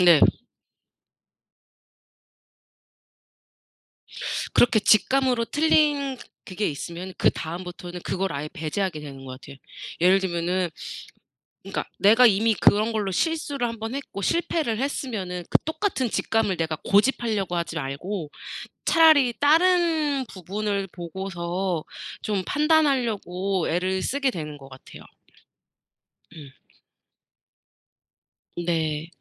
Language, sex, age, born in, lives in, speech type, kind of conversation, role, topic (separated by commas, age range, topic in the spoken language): Korean, female, 30-34, South Korea, United States, conversation, podcast, guest, 중요한 선택을 할 때 직감과 분석 중 무엇을 더 믿으시나요?